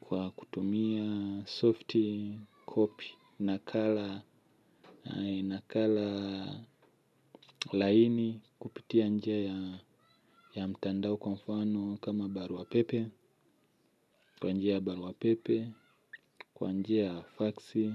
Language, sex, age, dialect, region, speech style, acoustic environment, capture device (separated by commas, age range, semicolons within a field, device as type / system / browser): Swahili, male, 25-34, Tanzania - Dar es Salaam, Tanzania, happy, music; nature; people talking, mobile / Linux / Chrome